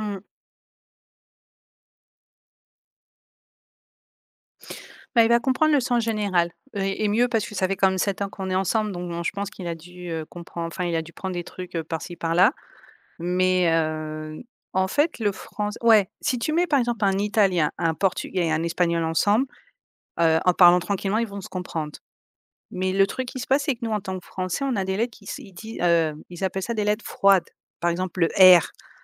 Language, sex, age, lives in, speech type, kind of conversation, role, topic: French, female, 35-39, Spain, conversation, podcast, guest, Comment gérez-vous le mélange de langues à la maison ?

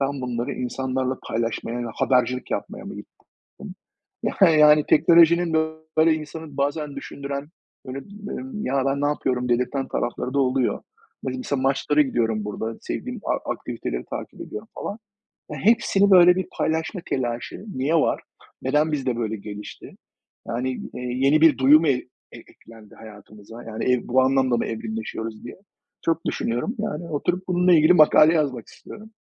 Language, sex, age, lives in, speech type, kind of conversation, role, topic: Turkish, male, 45-49, United States, conversation, unstructured, none, Teknolojinin hızla gelişmesi sana korkutucu geliyor mu?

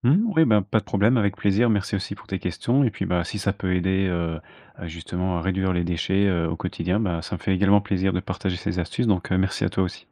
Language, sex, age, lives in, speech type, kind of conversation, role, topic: French, male, 25-29, France, conversation, podcast, guest, Quelle démarche simple peux-tu adopter pour réduire tes déchets au quotidien ?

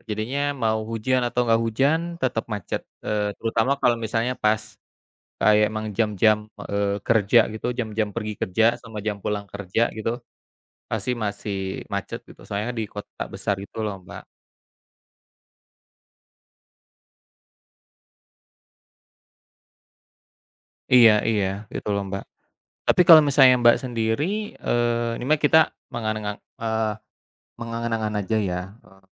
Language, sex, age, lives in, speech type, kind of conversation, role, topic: Indonesian, male, 35-39, Indonesia, conversation, unstructured, none, Bagaimana cara terbaik menangani kemacetan di kota besar menurutmu?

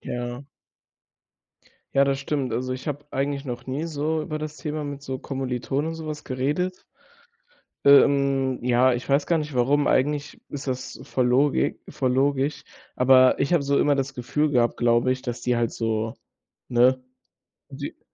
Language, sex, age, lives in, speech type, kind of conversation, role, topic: German, male, 18-19, Germany, conversation, advice, user, Wie soll ich damit umgehen, wenn ich das Gefühl habe, Motivation und Sinn im Leben verloren zu haben?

